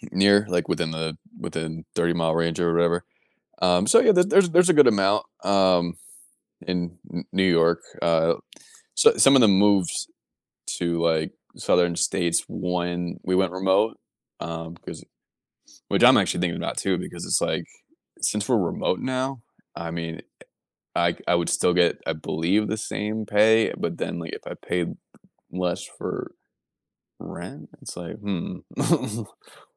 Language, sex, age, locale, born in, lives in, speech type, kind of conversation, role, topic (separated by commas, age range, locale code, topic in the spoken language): English, male, 30-34, en-US, United States, United States, conversation, advice, user, How can I improve communication with coworkers?